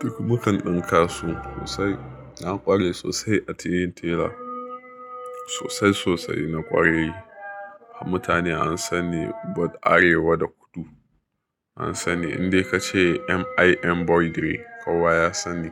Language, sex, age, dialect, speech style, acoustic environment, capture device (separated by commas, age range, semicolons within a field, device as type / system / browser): Hausa, male, 25-34, Nigeria - Nigerian Standard, happy, silence, mobile / Linux / Chrome